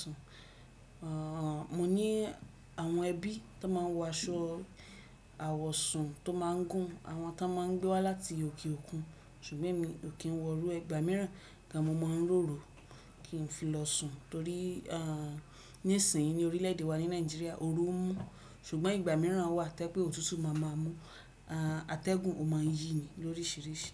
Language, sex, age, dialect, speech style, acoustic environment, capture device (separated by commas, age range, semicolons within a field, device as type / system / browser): Yoruba, female, 25-34, Nigeria - Ibadan, relaxed, nature, desktop / Windows / Chrome